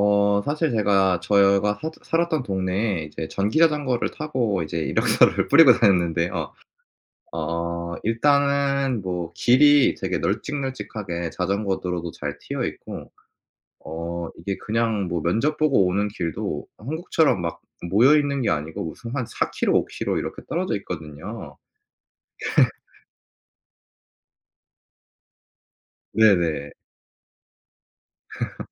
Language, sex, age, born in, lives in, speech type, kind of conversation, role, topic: Korean, male, 25-29, South Korea, South Korea, conversation, podcast, guest, 자연 속에서 특별히 위로받았던 경험이 있나요?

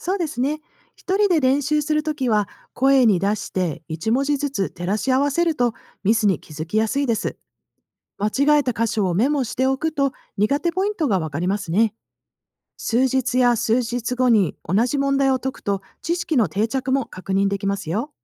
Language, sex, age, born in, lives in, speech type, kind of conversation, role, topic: Japanese, female, 50-54, Japan, United States, read, expert-assistant, expert, 忙しい医療事務の仕事をしながら、自分の知識やスキルを上手に伸ばす方法にはどんなものがありますか？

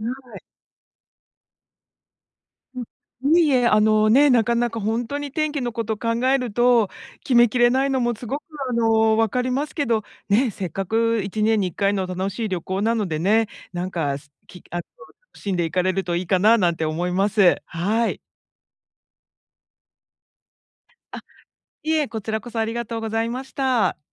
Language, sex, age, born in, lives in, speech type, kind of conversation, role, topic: Japanese, female, 50-54, Japan, United States, conversation, advice, advisor, 旅行の計画が難しくて、どこから始めればいいですか？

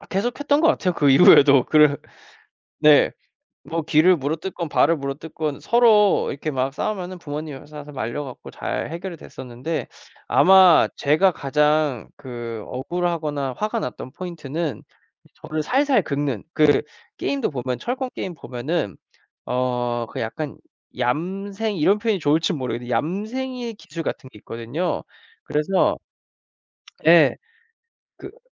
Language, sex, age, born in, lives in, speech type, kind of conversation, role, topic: Korean, male, 25-29, South Korea, United States, conversation, podcast, guest, 어릴 때 친구들과 함께 가장 즐겨 하던 게임은 무엇이었나요?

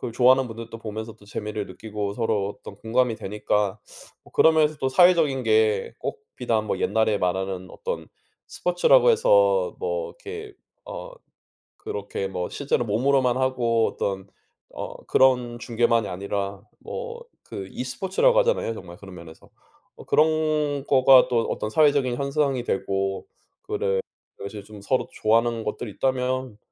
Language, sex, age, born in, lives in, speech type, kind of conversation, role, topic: Korean, male, 35-39, South Korea, United States, conversation, unstructured, none, 게임을 취미로 즐기는 사람들에 대해 어떻게 생각하시나요?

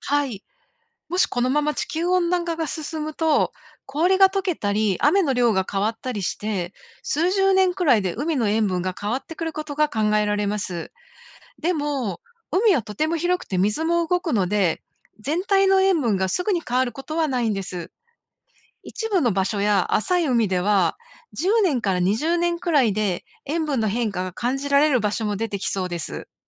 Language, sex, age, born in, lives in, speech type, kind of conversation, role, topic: Japanese, female, 55-59, Japan, United States, read, expert-assistant, expert, なぜ海の水はしょっぱいのか？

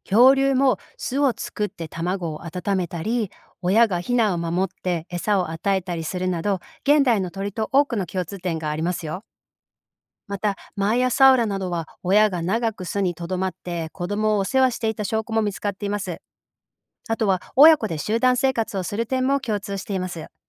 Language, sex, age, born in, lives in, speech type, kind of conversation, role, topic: Japanese, female, 45-49, Japan, United States, read, expert-assistant, expert, 恐竜から鳥への進化について、何が確かで、どこにまだ分からない部分があるのですか？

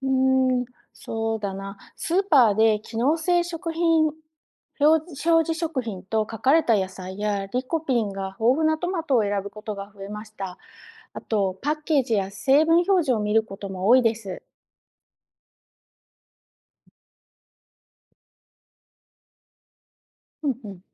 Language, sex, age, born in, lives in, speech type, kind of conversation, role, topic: Japanese, female, 45-49, Japan, United States, read, expert-assistant, asker, 作物の改良や管理の進歩によって、私たちの『食』の意味や価値観はどう変わるのでしょうか？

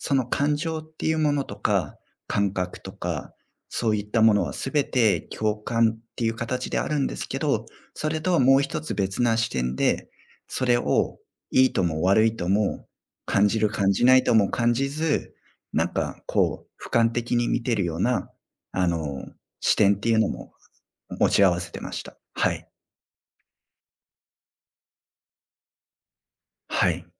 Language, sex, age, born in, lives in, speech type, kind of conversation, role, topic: Japanese, male, 35-39, Japan, Japan, conversation, podcast, guest, 旅先で一番ワクワクした瞬間は、どんなときでしたか？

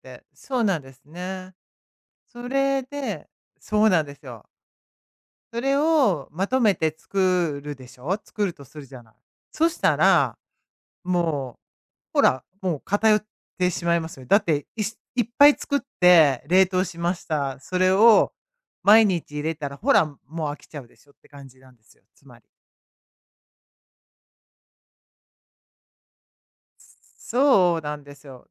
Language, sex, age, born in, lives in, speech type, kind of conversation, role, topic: Japanese, female, 55-59, Japan, United States, conversation, advice, user, 家族の食事の支度や片付けの負担が重くて困っているのですが、どうすれば軽くできますか？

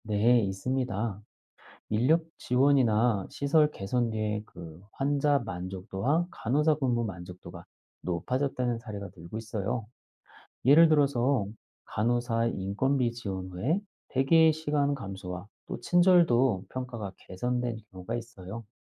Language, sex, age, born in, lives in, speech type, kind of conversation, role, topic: Korean, male, 40-44, South Korea, Germany, read, expert-assistant, expert, 간호사가 실제로 병원에서 환자와 가장 오래 시간을 보내는 직업이 맞을까요?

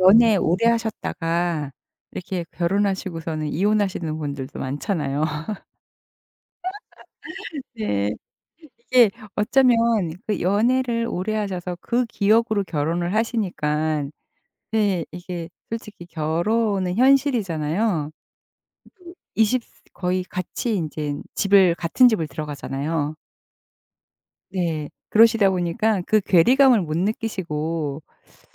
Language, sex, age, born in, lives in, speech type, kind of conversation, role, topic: Korean, female, 55-59, South Korea, United States, conversation, podcast, host, 결혼을 결심할 때 가장 중요하게 생각한 건 무엇이었나요?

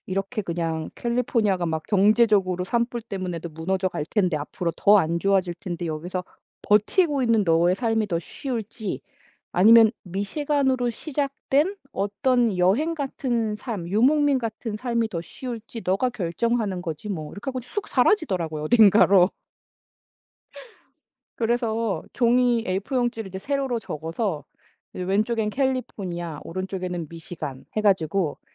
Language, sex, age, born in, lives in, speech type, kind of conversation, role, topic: Korean, female, 40-44, South Korea, United States, conversation, podcast, guest, 변화에 대한 두려움은 어떻게 극복하셨나요?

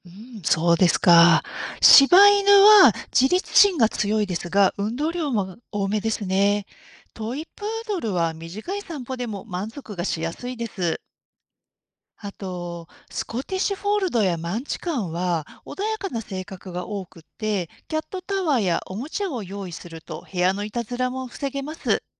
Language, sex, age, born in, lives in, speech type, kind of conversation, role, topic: Japanese, female, 50-54, Japan, Japan, read, expert-assistant, expert, 犬や猫の品種の特徴を調べるとき、信頼できる情報源ってどうやって見つけていますか？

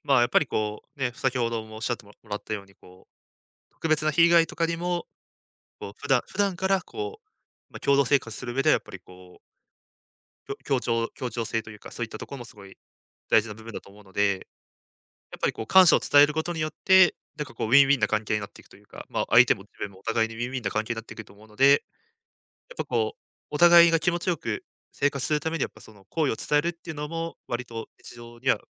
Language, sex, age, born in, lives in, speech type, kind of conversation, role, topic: Japanese, male, 20-24, Japan, Japan, conversation, advice, advisor, 感謝や好意をうまく伝えられない状況について、どのような場面で誰に対して伝えにくいと感じますか？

